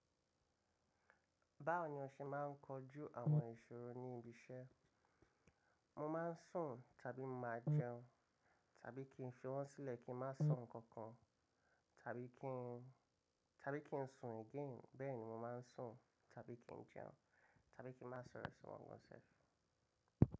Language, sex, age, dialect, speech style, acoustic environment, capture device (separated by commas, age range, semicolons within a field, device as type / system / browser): Yoruba, male, 25-34, Nigeria - Lagos Yoruba, relaxed, construction, mobile / macOS / Safari